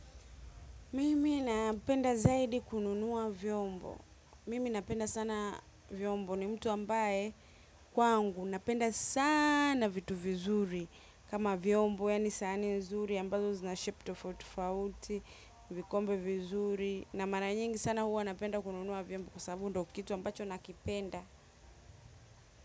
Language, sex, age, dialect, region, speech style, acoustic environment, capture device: Swahili, female, 25-34, Tanzania - Dar es Salaam, Tanzania, happy; relaxed, silence, mobile / macOS / Safari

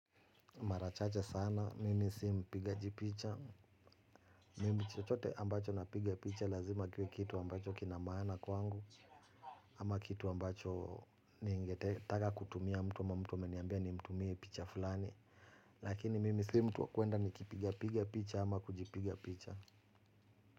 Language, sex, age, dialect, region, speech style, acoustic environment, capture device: Swahili, male, 35-44, Kenya - Nairobi Sheng-influenced, Kenya, relaxed, music, mobile / Linux / Chrome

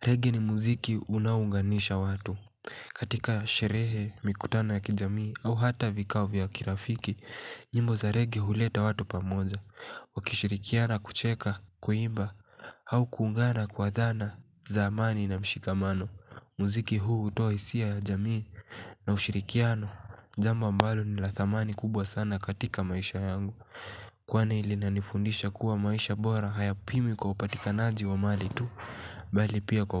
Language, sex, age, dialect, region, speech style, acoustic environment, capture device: Swahili, male, 18-24, Kenya - Mombasa, Kenya, relaxed, silence, mobile / Linux / Chrome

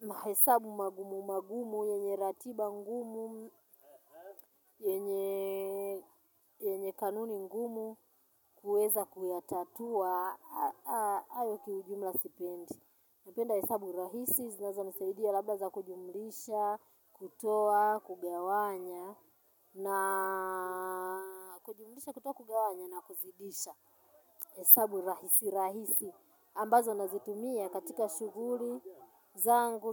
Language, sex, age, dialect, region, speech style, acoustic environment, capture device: Swahili, female, 35-44, Tanzania - Dar es Salaam, Tanzania, nervous, silence, mobile / Linux / Chrome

